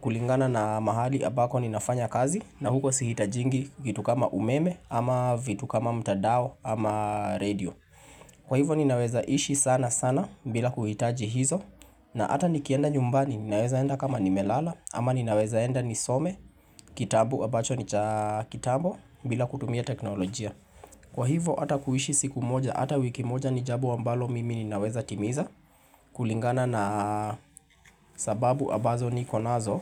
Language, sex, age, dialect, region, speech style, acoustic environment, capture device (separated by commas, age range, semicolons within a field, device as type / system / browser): Swahili, male, 25-34, Kenya - Nairobi Sheng-influenced, Kenya, relaxed, people talking, mobile / Linux / Chrome